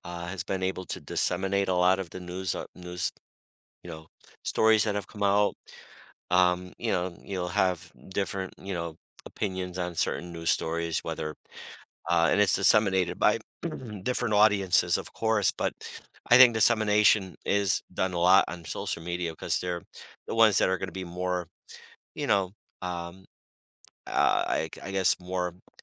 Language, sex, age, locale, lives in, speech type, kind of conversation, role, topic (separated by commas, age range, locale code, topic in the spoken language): English, male, 55-59, en-US, United States, conversation, podcast, guest, How does the way news is reported shape our understanding of the world?